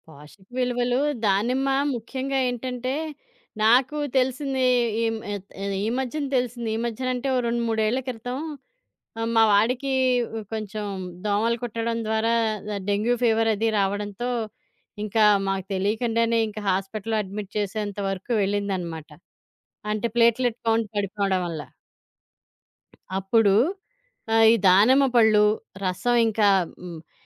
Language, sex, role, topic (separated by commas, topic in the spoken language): Telugu, female, guest, సీజనల్ పండ్లు, కూరగాయలు ఎలా ఎంచుకుంటావు?